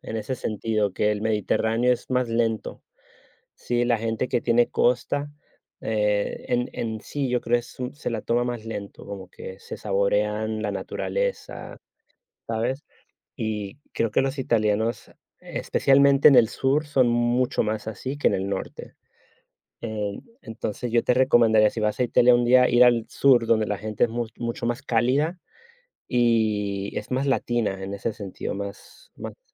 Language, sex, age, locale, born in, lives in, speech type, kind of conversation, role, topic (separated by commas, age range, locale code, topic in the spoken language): Spanish, male, 35-39, es-US, United States, Spain, conversation, unstructured, none, ¿Crees que viajar te cambia como persona?